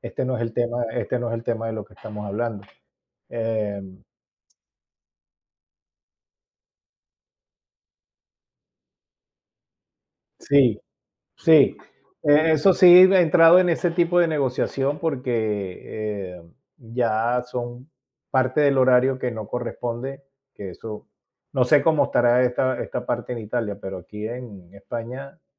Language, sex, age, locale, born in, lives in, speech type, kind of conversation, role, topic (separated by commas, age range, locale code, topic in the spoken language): Spanish, male, 55-59, es-VE, Venezuela, Spain, conversation, unstructured, none, ¿Cómo te prepararías para una negociación importante?